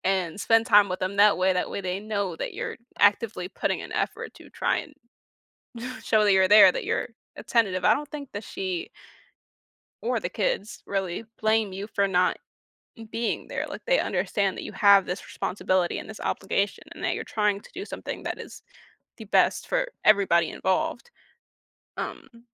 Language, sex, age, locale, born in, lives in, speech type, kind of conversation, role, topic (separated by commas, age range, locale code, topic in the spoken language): English, female, 20-24, en-US, United States, United States, conversation, advice, advisor, How can I stop feeling guilty and connect more with my family despite a busy schedule?